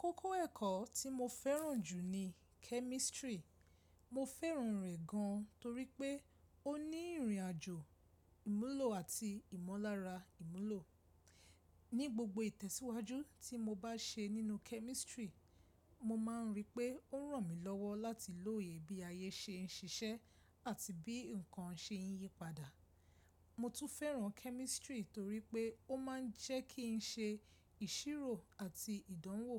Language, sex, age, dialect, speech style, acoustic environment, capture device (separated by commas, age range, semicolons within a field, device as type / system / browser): Yoruba, female, 25-34, Nigeria - Lagos Yoruba, stressed, silence, mobile / Linux / Chrome